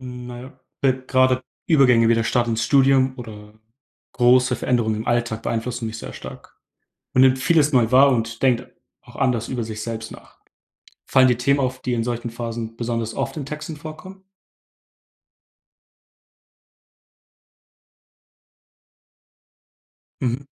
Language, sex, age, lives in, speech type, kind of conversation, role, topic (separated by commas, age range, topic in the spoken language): German, male, 18-19, Germany, read, expert-assistant, asker, Gibt es bekannte Autorinnen oder Autoren, deren Schreibprozesse besonders inspirierend sind und von denen man lernen kann?